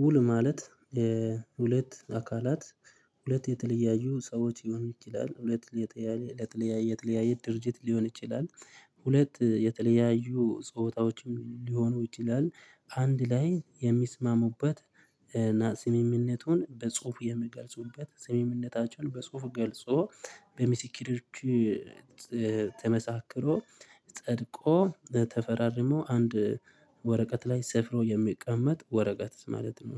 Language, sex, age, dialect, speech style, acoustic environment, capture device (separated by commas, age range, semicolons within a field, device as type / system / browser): Amharic, male, 25-34, Ethiopia - Addis Ababa, happy, people talking; traffic; nature; construction, mobile / Linux / Chrome